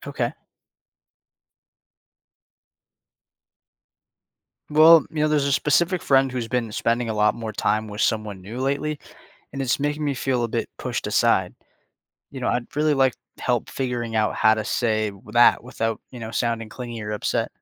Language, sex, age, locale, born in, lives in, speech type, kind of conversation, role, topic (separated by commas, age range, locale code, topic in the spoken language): English, male, 20-24, en-US, United States, United States, read, expert-assistant, asker, How can you identify and handle jealousy?